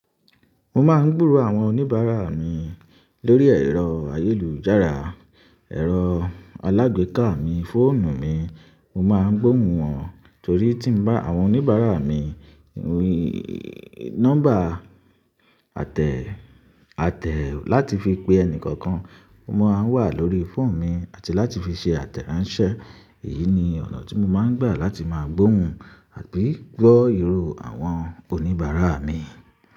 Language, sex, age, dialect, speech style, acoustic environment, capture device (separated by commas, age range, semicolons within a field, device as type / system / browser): Yoruba, male, 25-34, Nigeria - Ibadan, happy; relaxed; excited; focused, silence, mobile / Linux / Chrome